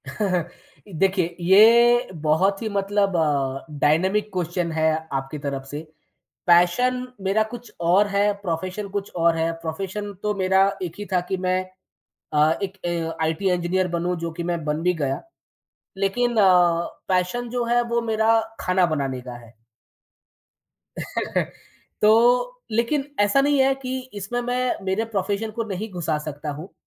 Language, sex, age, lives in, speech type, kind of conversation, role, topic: Hindi, male, 30-34, India, conversation, podcast, guest, Tumne khud se seekhna kab aur kaise shuru kiya, thoda bata sakte ho?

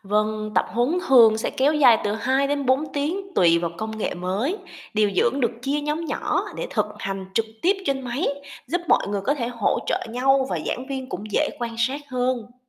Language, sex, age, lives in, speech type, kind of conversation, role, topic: Vietnamese, female, 25-29, Vietnam, read, expert-assistant, expert, Nếu muốn tìm hiểu về các xu hướng mới trong ngành điều dưỡng, mình nên bắt đầu từ đâu và nguồn thông tin nào là đáng tin cậy?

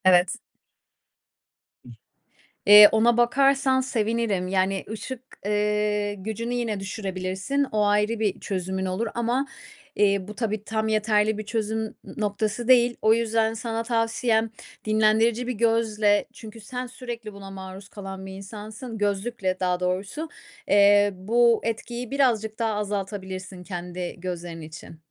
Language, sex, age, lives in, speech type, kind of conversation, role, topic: Turkish, female, 30-34, Spain, conversation, advice, advisor, Yatmadan önce ekran başında çok zaman geçiriyorum; bu durum uykumu ve konsantrasyonumu nasıl etkiliyor?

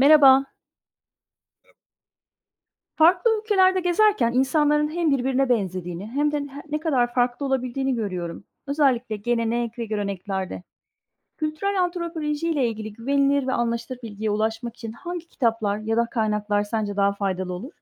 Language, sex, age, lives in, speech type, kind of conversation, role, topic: Turkish, female, 45-49, Spain, read, expert-assistant, asker, Farklı kültürlerde geçiş ritüelleri nasıl değişiyor?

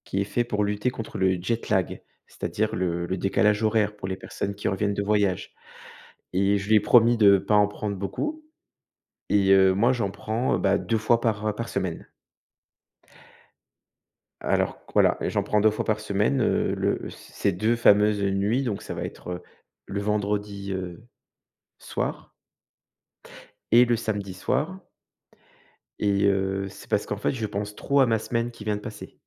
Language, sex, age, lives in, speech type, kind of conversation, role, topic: French, male, 35-39, France, conversation, advice, user, Comment décririez-vous votre anxiété liée au sommeil ou votre peur de ne pas pouvoir dormir ?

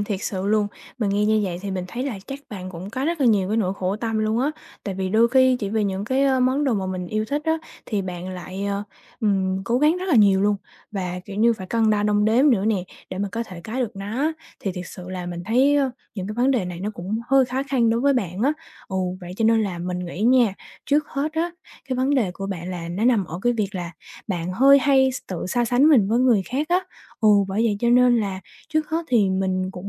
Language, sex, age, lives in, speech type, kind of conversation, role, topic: Vietnamese, female, 20-24, Vietnam, conversation, advice, advisor, Làm sao để tôi không còn thường xuyên so sánh tài sản và lối sống của mình với người khác?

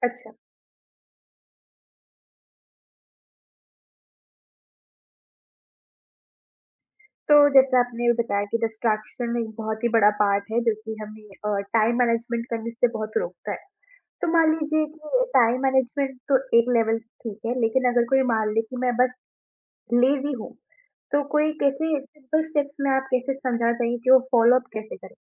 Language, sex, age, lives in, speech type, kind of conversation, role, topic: Hindi, female, 20-24, India, conversation, podcast, host, Motivation gir jaaye to use phir se jagane ka sabse asaan tareeka kya hai?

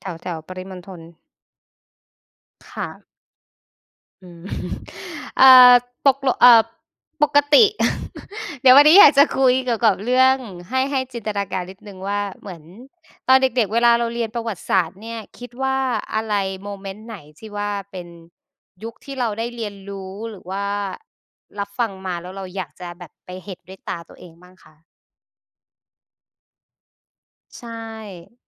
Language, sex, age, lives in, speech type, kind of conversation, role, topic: Thai, female, 25-29, Thailand, conversation, unstructured, none, ถ้าคุณย้อนเวลาได้ คุณอยากไปเห็นเหตุการณ์ใดในประวัติศาสตร์มากที่สุด?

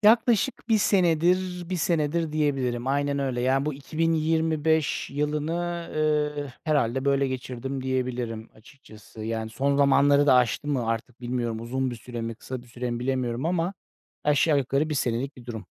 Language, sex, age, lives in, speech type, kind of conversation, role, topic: Turkish, male, 40-44, Netherlands, conversation, advice, user, Gece sık sık uyanıp tekrar uyuyamama sorununu nasıl yaşıyorsun?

